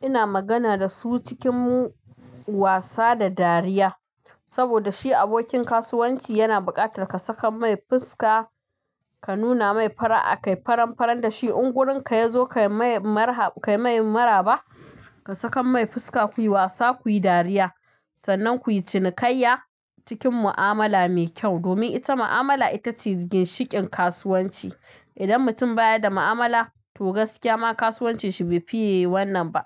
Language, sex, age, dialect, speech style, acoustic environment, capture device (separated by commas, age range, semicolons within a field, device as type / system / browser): Hausa, female, 25-34, Nigeria - Kano, happy, music, mobile / Linux / Chrome